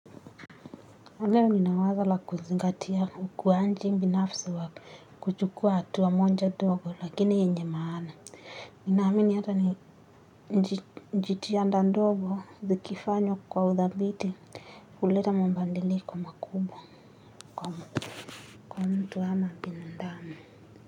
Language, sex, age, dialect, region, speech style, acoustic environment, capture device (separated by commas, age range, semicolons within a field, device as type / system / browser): Swahili, female, 18-24, Kenya - Nairobi Sheng-influenced, Kenya, tired; anxious, nature, mobile / Linux / Chrome